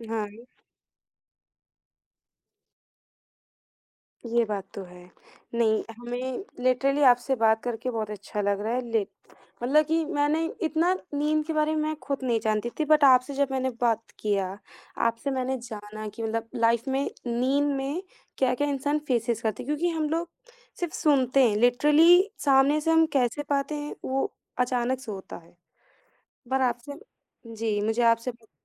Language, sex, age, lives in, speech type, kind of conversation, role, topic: Hindi, female, 18-19, India, conversation, podcast, host, Neend ka routine stress kitna kam karta hai?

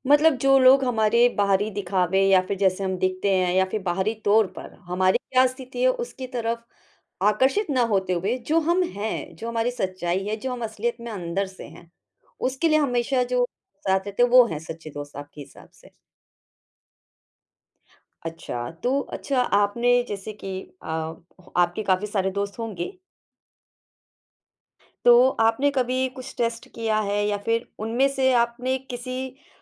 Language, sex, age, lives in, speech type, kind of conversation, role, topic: Hindi, female, 35-39, India, conversation, podcast, host, आप कैसे पहचानते हैं कि कोई सच्चा दोस्त है?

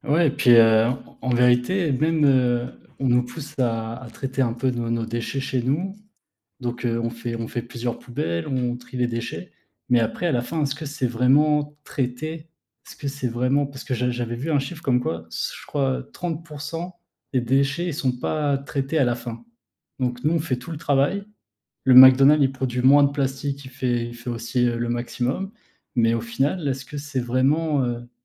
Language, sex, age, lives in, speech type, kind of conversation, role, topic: French, male, 30-34, France, conversation, unstructured, none, Que pensez-vous de l’impact des déchets plastiques sur la nature ?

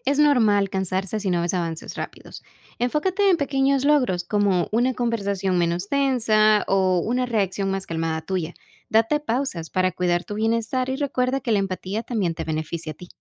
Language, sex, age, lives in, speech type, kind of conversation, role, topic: Spanish, female, 25-29, United States, read, expert-assistant, expert, ¿De qué manera el entrenamiento de animales me ayuda a ser más paciente y resiliente en otras áreas de mi vida?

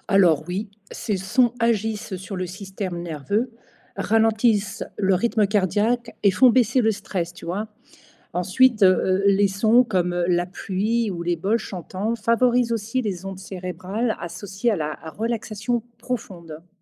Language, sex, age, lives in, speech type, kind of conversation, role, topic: French, female, 55-59, Portugal, read, expert-assistant, expert, Peut-on s'inspirer de rituels spirituels pour se sentir mieux au quotidien sans être croyant ?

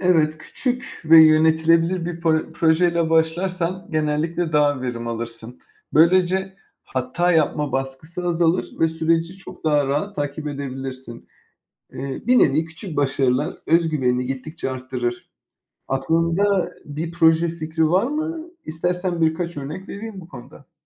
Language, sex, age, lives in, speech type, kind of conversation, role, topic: Turkish, male, 35-39, Spain, read, expert-assistant, expert, Yeni öğrendiklerimi kalıcı kılmak için neler yapabilirim?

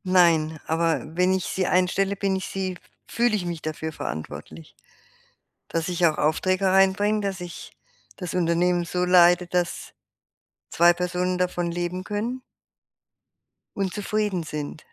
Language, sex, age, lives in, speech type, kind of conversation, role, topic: German, female, 60-64, Germany, conversation, advice, user, Wie finde ich heraus, ob ich meinen Lebensweg neu ausrichten sollte?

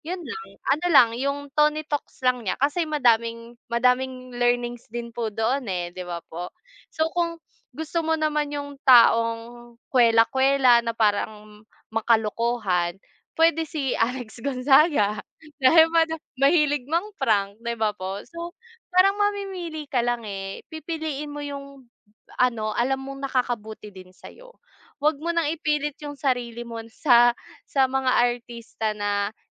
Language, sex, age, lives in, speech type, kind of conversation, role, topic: Filipino, female, 25-29, Philippines, conversation, unstructured, none, Ano ang nararamdaman mo kapag may artistang sinisiraan dahil lang sa kanilang personal na buhay?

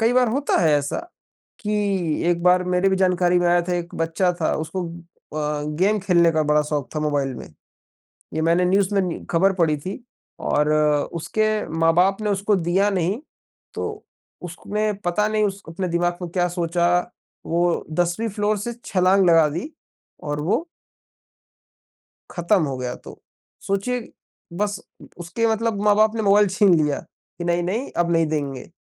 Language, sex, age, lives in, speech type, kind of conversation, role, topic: Hindi, male, 20-24, India, conversation, unstructured, none, क्या पढ़ाई का दबाव बच्चों के सपनों को चोट पहुँचाता है?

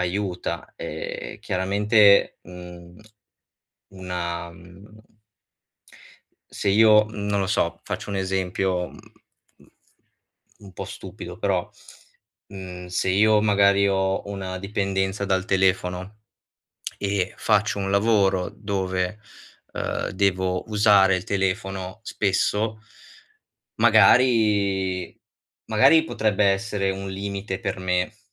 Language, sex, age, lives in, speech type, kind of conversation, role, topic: Italian, male, 25-29, Italy, conversation, advice, advisor, Come posso resistere alle voglie improvvise senza arrendermi?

